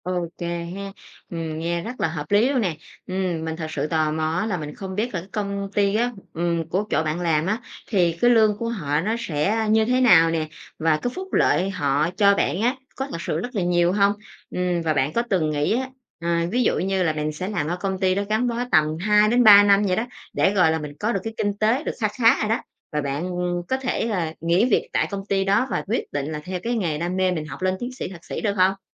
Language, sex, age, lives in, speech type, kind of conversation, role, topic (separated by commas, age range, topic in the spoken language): Vietnamese, female, 30-34, Vietnam, conversation, podcast, host, Bạn thường chọn nghề theo đam mê hay ưu tiên sự an toàn?